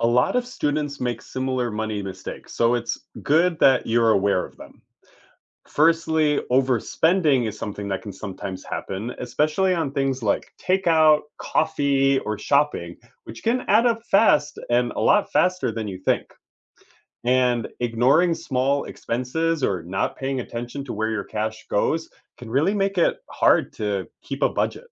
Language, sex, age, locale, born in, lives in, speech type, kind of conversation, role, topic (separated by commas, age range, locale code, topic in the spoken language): English, male, 30-34, en-US, United States, United States, read, expert-assistant, expert, What are effective ways for students to manage money?